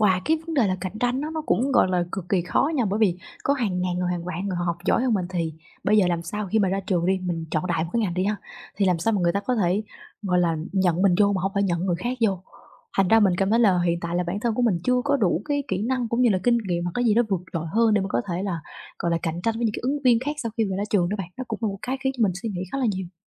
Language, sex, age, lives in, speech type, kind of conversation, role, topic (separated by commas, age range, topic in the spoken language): Vietnamese, female, 20-24, Vietnam, conversation, advice, user, Làm sao để quản lý sự lo lắng khi tương lai không chắc chắn?